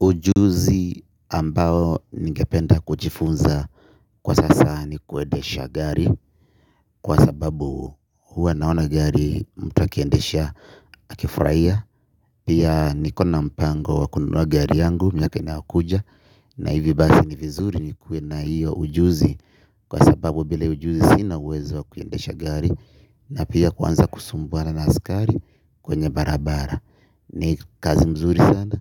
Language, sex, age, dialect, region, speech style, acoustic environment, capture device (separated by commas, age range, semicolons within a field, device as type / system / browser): Swahili, male, 25-34, Kenya - Nairobi Sheng-influenced, Kenya, happy, people talking, mobile / Linux / Chrome